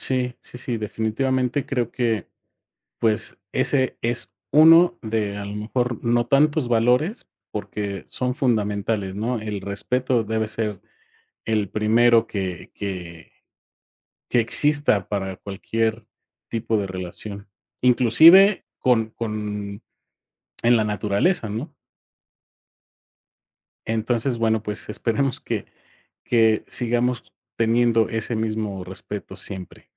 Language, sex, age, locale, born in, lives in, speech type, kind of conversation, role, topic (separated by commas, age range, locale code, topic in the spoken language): Spanish, male, 45-49, es-MX, Mexico, Mexico, conversation, podcast, host, ¿Qué valores son esenciales para la convivencia?